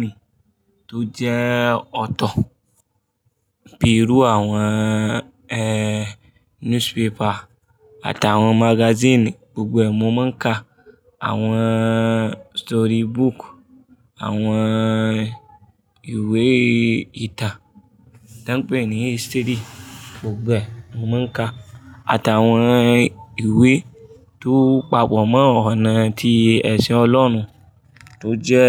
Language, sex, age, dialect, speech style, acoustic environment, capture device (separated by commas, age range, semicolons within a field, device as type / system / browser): Yoruba, male, 25-34, Nigeria - Kano, focused, people talking; traffic; music; appliances, mobile / Linux / Chrome